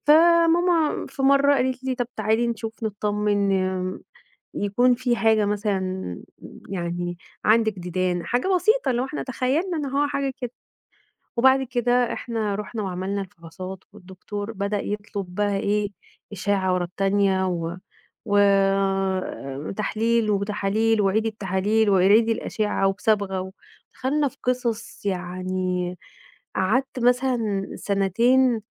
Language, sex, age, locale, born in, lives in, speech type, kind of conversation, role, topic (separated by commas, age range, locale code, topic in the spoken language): Arabic, female, 35-39, ar-EG, Egypt, Egypt, conversation, advice, user, إزاي أبطل أأجل زيارة الدكتور أو الفحص عشان خايف من النتيجة؟